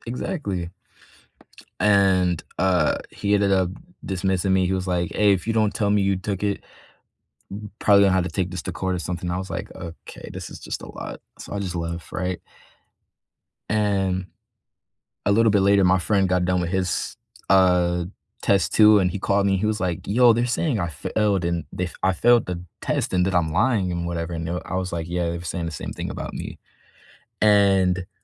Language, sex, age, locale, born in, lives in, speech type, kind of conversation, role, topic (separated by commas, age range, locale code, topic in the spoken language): English, male, 18-19, en-US, United States, United States, conversation, unstructured, none, What is a story from your past that you love telling?